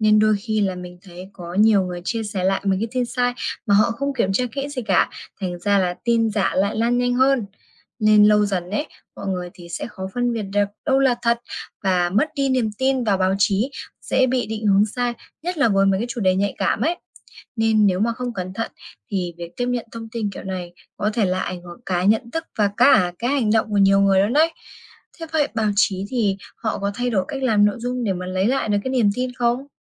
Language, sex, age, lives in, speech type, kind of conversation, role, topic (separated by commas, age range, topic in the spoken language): Vietnamese, female, 20-24, Vietnam, read, expert-assistant, asker, Chất lượng báo mạng hiện nay giảm sút vì đâu và làm sao để nhận biết tin chính xác?